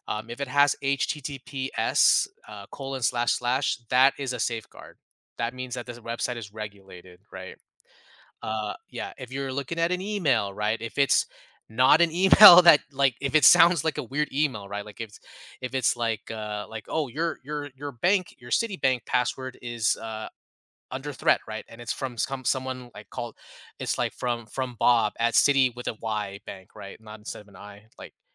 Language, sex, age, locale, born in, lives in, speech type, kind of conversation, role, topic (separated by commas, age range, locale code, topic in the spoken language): English, male, 35-39, en-US, United States, United States, conversation, podcast, guest, How has modern technology changed the way we think about and protect our personal privacy?